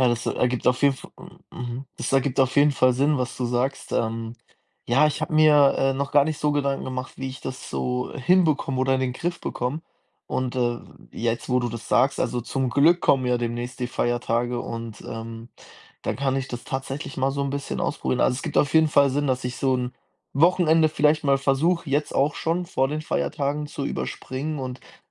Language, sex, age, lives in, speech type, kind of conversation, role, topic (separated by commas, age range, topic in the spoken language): German, male, 20-24, Germany, conversation, advice, user, Warum fühle ich mich nach zu langem Schlafen am Wochenende wie mit Jetlag?